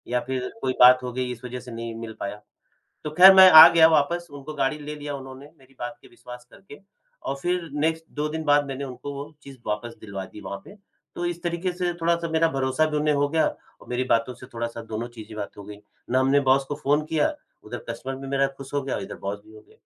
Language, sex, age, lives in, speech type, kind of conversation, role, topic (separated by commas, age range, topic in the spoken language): Hindi, male, 45-49, India, conversation, podcast, guest, Aap kaise dikhate ho ki aap bharosemand ho, sirf bolne se nahi?